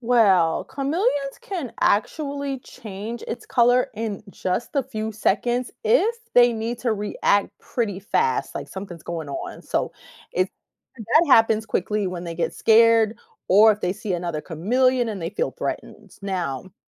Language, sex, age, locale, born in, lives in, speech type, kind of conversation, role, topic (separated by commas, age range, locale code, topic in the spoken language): English, female, 45-49, en-US, United States, United States, read, expert-assistant, expert, How do chameleons change their color?